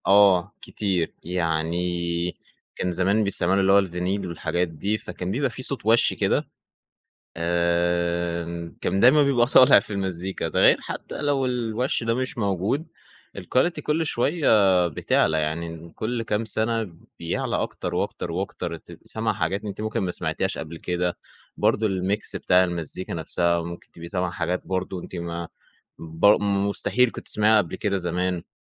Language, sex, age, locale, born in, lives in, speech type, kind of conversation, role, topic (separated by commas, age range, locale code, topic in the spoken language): Arabic, male, 20-24, ar-EG, Egypt, Egypt, conversation, podcast, guest, إزاي التكنولوجيا غيّرت طريقتك في سماع الموسيقى؟